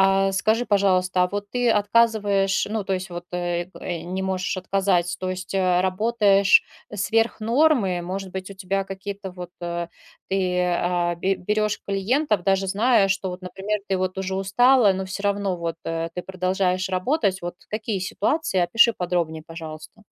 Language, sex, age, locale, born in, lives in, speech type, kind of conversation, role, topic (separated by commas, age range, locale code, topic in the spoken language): Russian, female, 40-44, ru-RU, Russia, Spain, conversation, advice, advisor, Как мне научиться отстаивать личные границы, если я боюсь потерять близких людей?